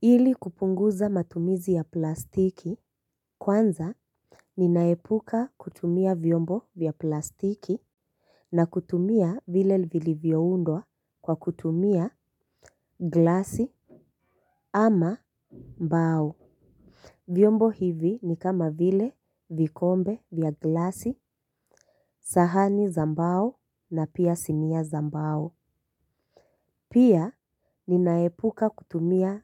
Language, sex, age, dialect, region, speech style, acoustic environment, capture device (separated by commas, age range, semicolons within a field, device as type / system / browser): Swahili, female, 25-34, Kenya - Mombasa, Kenya, relaxed, nature, mobile / Linux / Chrome